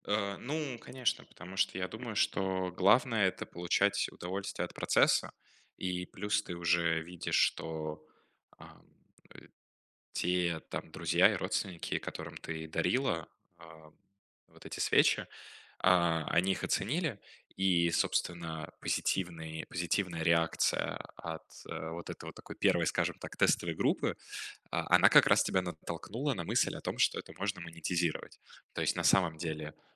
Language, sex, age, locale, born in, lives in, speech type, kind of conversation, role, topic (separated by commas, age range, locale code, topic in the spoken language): Russian, male, 20-24, ru-RU, Russia, Germany, conversation, advice, advisor, Как начать проект, если я чувствую сильное внутреннее сопротивление?